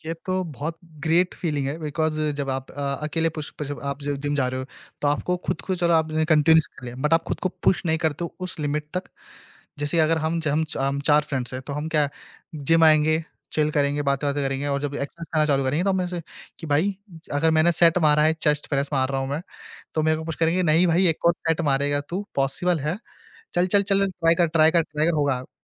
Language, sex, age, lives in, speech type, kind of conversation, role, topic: Hindi, male, 20-24, India, conversation, podcast, guest, Tum roz workout ko apni daily routine mein kaise maintain karte ho?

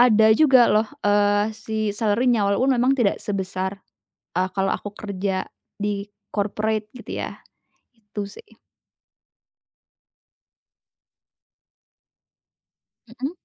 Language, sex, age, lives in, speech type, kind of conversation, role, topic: Indonesian, female, 20-24, Indonesia, conversation, advice, user, Bagaimana kamu mulai merasa kehilangan arah setelah lulus atau berganti karier?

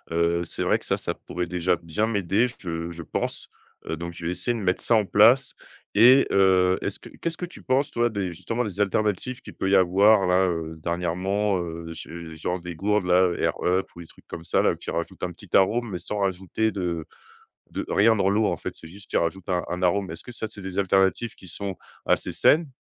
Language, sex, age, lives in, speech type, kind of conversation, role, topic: French, male, 30-34, France, conversation, advice, user, Pourquoi ai-je du mal à boire suffisamment d’eau dans la journée ?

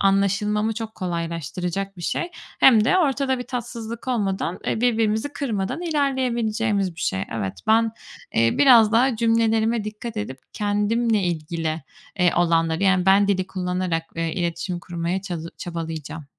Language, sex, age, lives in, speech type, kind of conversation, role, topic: Turkish, female, 25-29, Spain, conversation, advice, user, Tartışma sırasında duygularımı nasıl yönetebilir ve ilişkimi nasıl koruyabilirim?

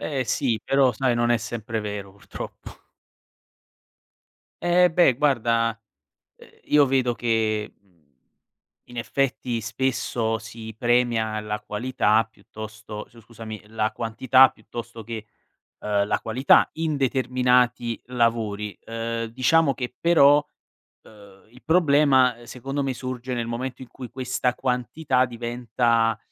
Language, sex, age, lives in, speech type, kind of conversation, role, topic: Italian, male, 25-29, Italy, conversation, podcast, guest, Come bilanci la felicità immediata e i progetti a lungo termine?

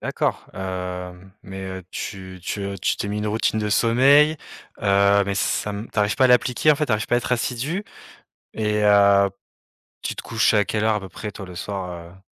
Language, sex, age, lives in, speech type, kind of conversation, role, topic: French, male, 35-39, France, conversation, advice, advisor, Comment puis-je installer une routine de sommeil cohérente chaque nuit ?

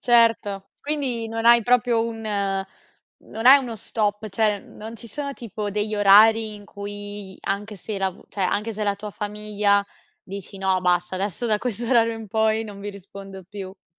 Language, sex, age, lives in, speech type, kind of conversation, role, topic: Italian, female, 25-29, Italy, conversation, podcast, host, Come gestisci i confini tra lavoro e vita privata con e-mail e chat?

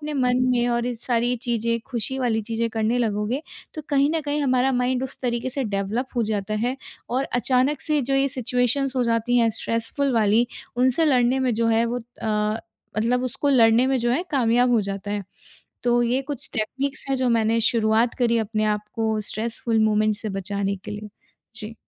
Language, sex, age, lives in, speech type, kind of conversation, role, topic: Hindi, female, 25-29, India, conversation, podcast, guest, Stressful moments mein tum quickly apne aap ko grounded kaise karte ho?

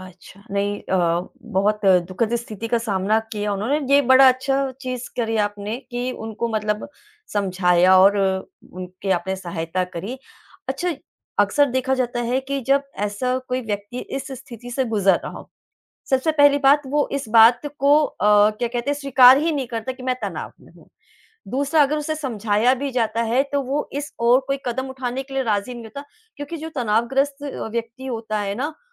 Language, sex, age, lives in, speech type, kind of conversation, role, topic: Hindi, female, 35-39, India, conversation, podcast, host, कब आपको लगता है कि पेशेवर मदद लेनी चाहिए?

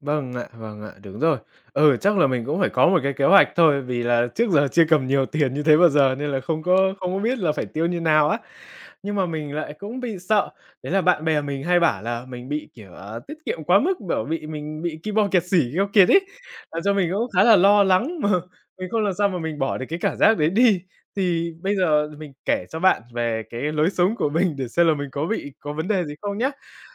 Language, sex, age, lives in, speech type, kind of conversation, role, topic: Vietnamese, male, 20-24, Vietnam, conversation, advice, user, Làm sao để không còn cảm thấy tội lỗi khi tiêu tiền cho bản thân?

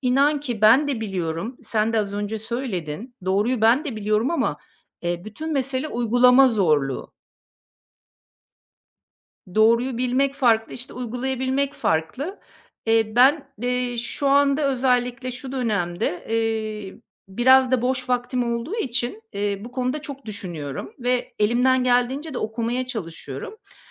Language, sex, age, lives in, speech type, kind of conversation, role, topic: Turkish, female, 50-54, Portugal, conversation, advice, user, İçsel tatmin ile dışarıdan gelen onay çatıştığında başarıyı hangi ölçütlere göre değerlendirmeliyim?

